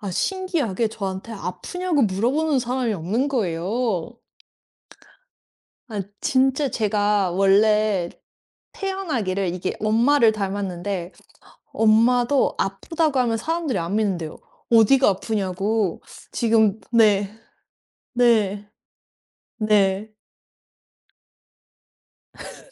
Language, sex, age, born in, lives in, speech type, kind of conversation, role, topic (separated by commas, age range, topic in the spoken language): Korean, female, 35-39, South Korea, United States, conversation, podcast, guest, 첫 직장에 처음 출근하던 날, 솔직히 기분이 어땠나요?